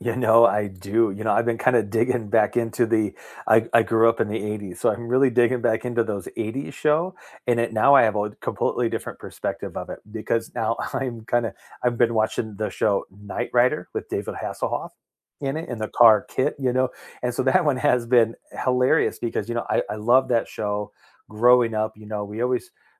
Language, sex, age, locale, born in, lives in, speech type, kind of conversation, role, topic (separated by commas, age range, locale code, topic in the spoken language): English, male, 45-49, en-US, United States, United States, conversation, unstructured, none, What comfort TV shows do you rewatch when life gets hectic?